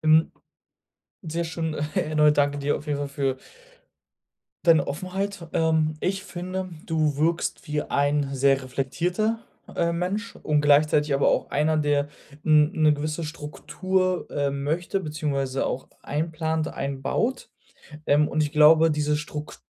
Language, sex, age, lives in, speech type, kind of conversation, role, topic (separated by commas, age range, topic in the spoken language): German, male, 25-29, Germany, conversation, advice, advisor, Wie bleibe ich motiviert, wenn mein Fortschritt nur langsam vorangeht?